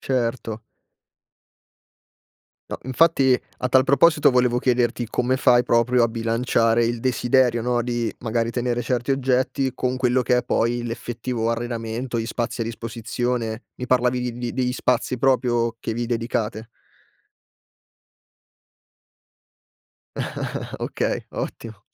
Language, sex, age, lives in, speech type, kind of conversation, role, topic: Italian, male, 25-29, Italy, conversation, podcast, host, Che ruolo hanno gli oggetti affettivi nel tuo arredamento?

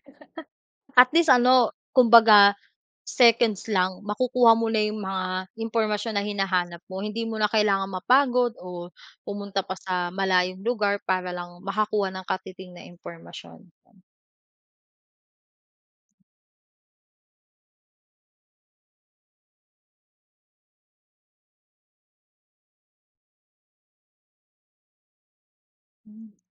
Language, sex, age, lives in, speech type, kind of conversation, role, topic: Filipino, female, 25-29, Philippines, conversation, unstructured, none, Ano ang paborito mong gadget at bakit?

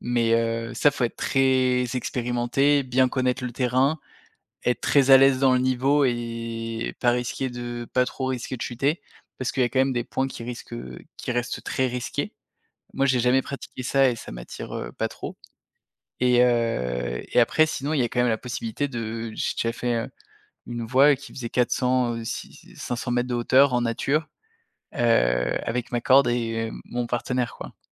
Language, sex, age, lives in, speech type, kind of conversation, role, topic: French, male, 30-34, France, conversation, podcast, guest, Comment trouves-tu des partenaires ou une communauté pour pratiquer ton loisir ?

